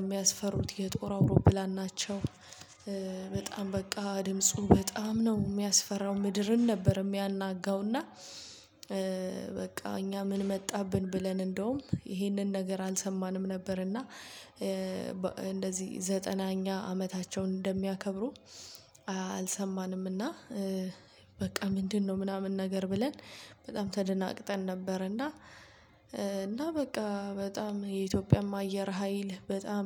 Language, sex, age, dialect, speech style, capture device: Amharic, female, 25-34, Ethiopia - Addis Ababa, happy, mobile / Linux / Chrome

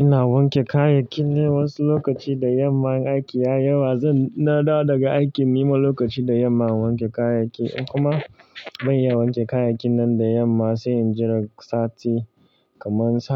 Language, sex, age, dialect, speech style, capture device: Hausa, male, 25-34, Nigeria - Kano, relaxed, mobile / Linux / Chrome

